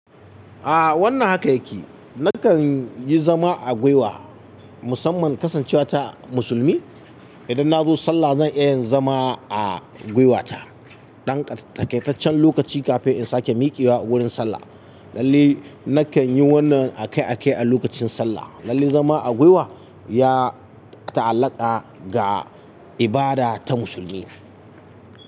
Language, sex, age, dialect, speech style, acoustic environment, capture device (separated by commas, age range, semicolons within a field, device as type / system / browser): Hausa, male, 35-44, Nigeria - Katsina, happy; excited; focused; relaxed, silence, mobile / Linux / Chrome